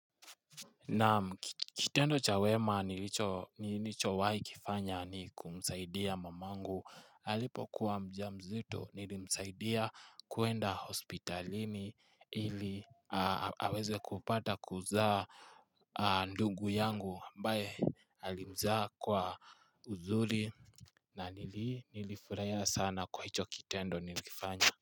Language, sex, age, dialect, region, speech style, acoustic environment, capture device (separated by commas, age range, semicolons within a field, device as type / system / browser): Swahili, male, 18-24, Kenya - Nairobi Sheng-influenced, Kenya, relaxed, silence, mobile / Linux / Chrome